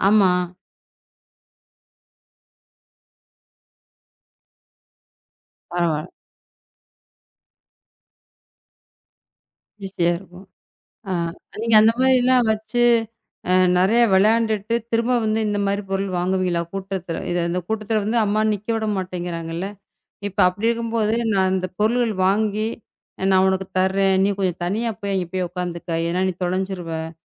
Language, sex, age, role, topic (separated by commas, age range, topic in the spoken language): Tamil, female, 35-39, host, பண்டிகைக் காலக் கூட்டத்தில் இருக்கும்போது, நீங்கள் தனிமையை எப்படி காத்துக்கொள்கிறீர்கள்?